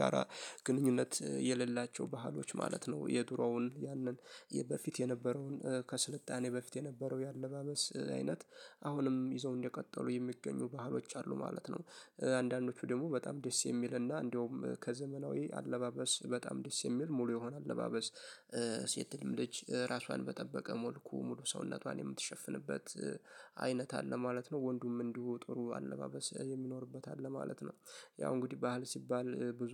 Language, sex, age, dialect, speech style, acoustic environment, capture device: Amharic, male, 18-24, Ethiopia - Addis Ababa, happy; focused, nature; music, mobile / Linux / Chrome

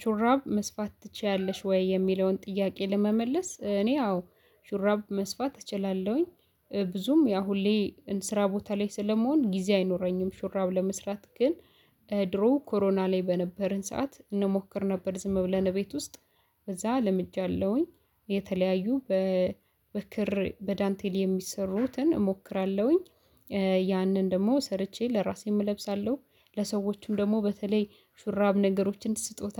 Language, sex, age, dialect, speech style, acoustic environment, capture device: Amharic, female, 25-34, Ethiopia - Addis Ababa, happy; excited, silence, mobile / Linux / Chrome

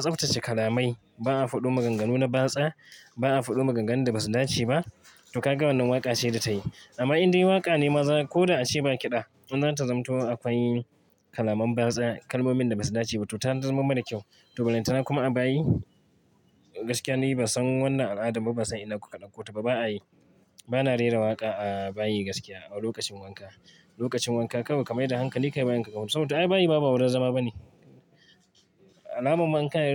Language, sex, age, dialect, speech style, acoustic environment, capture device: Hausa, male, 25-34, Nigeria - Kano, excited, traffic, mobile / Linux / Chrome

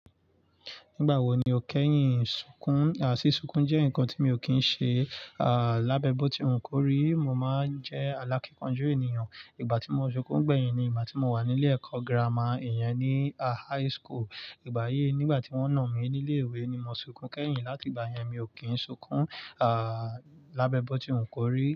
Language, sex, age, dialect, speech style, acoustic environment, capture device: Yoruba, male, 25-34, Nigeria - Nigerian Standard, excited, nature, mobile / Linux / Chrome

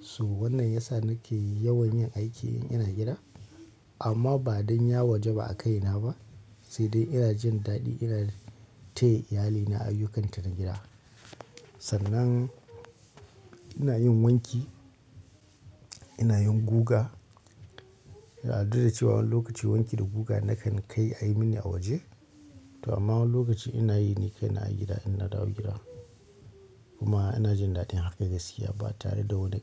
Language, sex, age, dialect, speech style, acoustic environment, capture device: Hausa, male, 25-34, Nigeria - Kano, excited, people talking; nature, mobile / Linux / Chrome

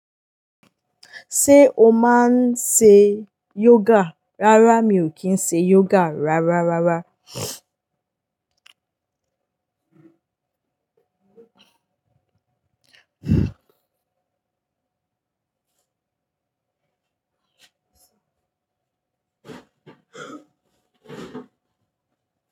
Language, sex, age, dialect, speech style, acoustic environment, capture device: Yoruba, female, 18-24, Nigeria - Lagos Yoruba, happy, people talking, mobile / Linux / Chrome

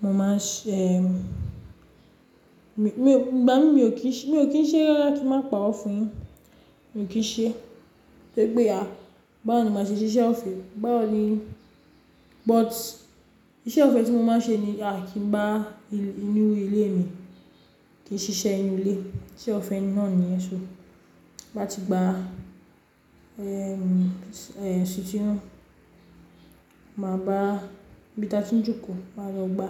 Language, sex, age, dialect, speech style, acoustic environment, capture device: Yoruba, female, 35-44, Nigeria - Lagos Yoruba, excited, nature, mobile / Linux / Chrome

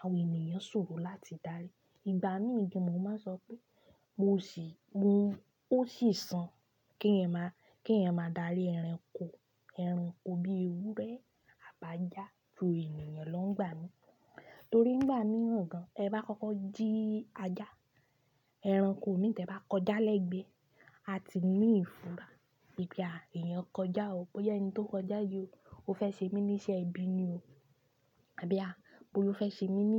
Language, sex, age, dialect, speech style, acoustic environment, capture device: Yoruba, female, 25-34, Nigeria - Ibadan, happy, silence, desktop / Linux / Chrome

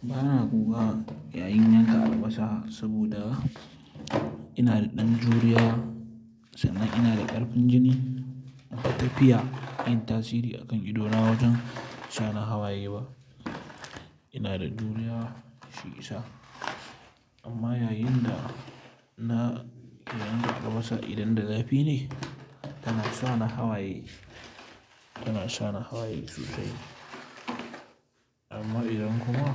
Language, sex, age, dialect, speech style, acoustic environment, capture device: Hausa, male, 18-24, Nigeria - Kano, happy, silence, mobile / Linux / Chrome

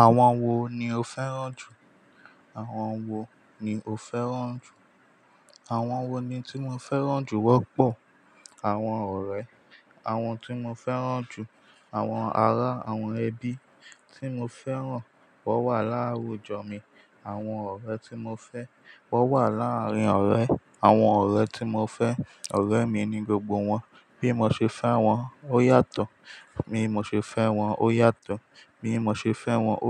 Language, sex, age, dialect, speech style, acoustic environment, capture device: Yoruba, male, 18-24, Nigeria - Ijebu, happy, silence, mobile / Linux / Chrome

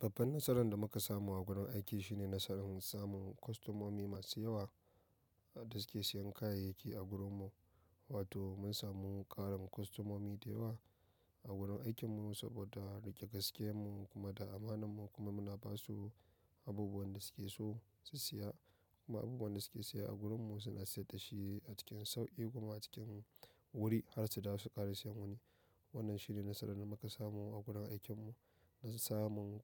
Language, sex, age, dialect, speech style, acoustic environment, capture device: Hausa, male, 25-34, Nigeria - Nigerian Standard, excited; happy, people talking; nature, mobile / macOS / Safari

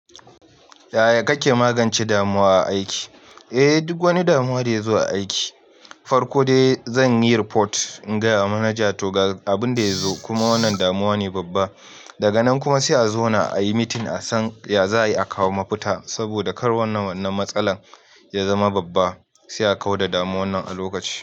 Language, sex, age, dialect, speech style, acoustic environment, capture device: Hausa, male, 25-34, Nigeria - Nigerian Standard, happy, silence, mobile / Linux / Chrome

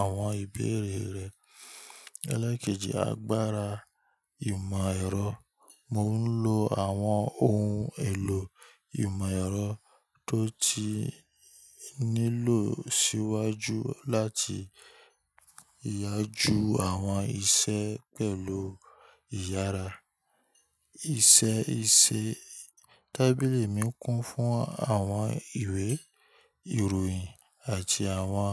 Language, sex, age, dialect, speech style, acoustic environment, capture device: Yoruba, male, 25-34, Nigeria - Ibadan, happy, nature, mobile / Linux / Chrome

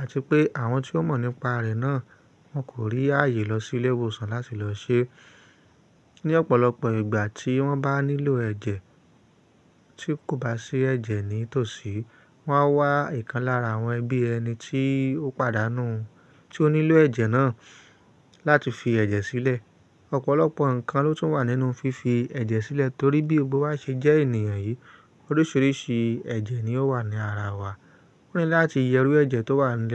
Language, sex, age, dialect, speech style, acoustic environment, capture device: Yoruba, male, 25-34, Nigeria - Ibadan, stressed, nature; silence, mobile / Linux / Chrome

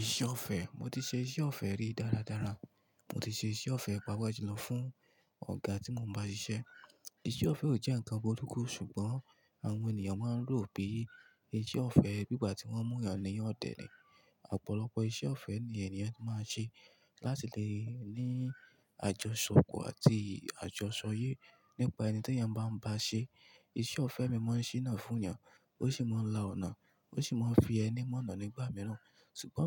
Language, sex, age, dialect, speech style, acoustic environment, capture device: Yoruba, male, 25-34, Nigeria - Ibadan, focused, nature, mobile / Linux / Chrome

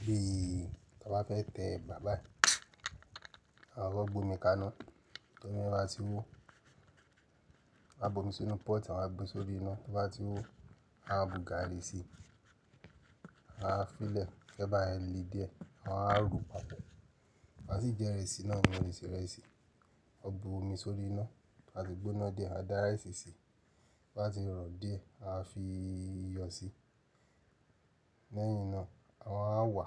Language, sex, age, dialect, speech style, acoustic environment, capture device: Yoruba, male, 35-44, Nigeria - Nigerian Standard, focused, silence, mobile / Linux / Chrome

